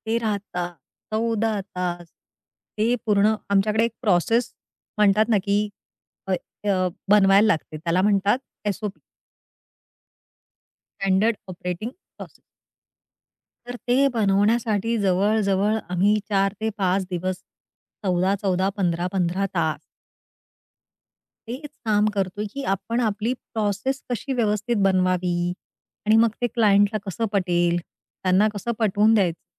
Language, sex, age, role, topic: Marathi, female, 40-44, guest, दैनंदिन ताण कमी करण्यासाठी कोणते सोपे उपाय करता येतात?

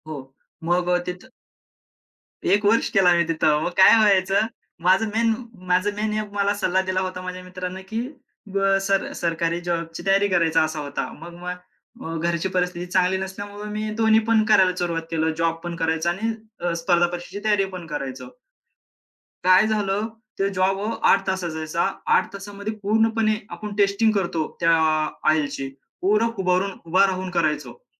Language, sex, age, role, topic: Marathi, male, 25-29, guest, एखाद्या अजनबीने दिलेला कोणता सल्ला तुम्हाला उपयोगी पडला होता?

